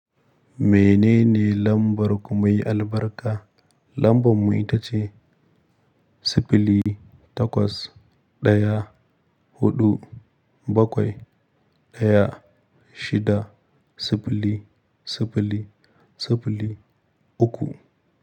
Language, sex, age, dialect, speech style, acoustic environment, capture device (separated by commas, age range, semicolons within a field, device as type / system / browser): Hausa, male, 25-34, Nigeria - Kano, happy, silence, mobile / Linux / Chrome